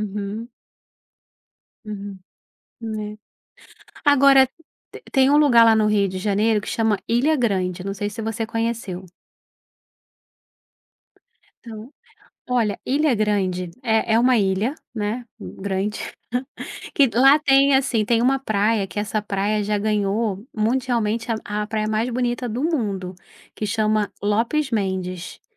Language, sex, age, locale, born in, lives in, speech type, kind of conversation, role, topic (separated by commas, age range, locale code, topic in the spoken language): Portuguese, female, 35-39, pt-BR, Brazil, Portugal, conversation, podcast, guest, Quais lugares naturais do Brasil você recomenda visitar?